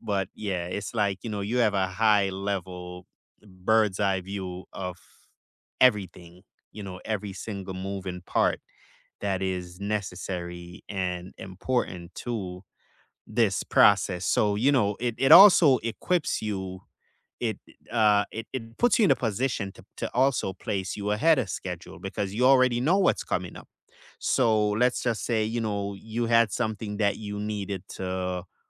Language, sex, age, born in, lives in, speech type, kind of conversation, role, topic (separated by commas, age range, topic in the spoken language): English, male, 35-39, Jamaica, United States, conversation, unstructured, none, How do you break a big goal into smaller, manageable steps?